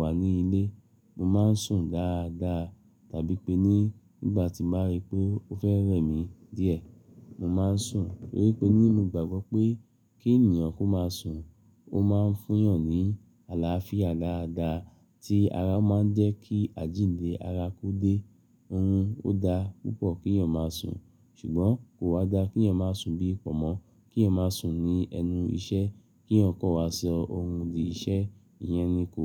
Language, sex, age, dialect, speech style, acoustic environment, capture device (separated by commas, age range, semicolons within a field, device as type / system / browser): Yoruba, male, 35-44, Nigeria - Lagos Yoruba, happy; relaxed, silence, mobile / Linux / Chrome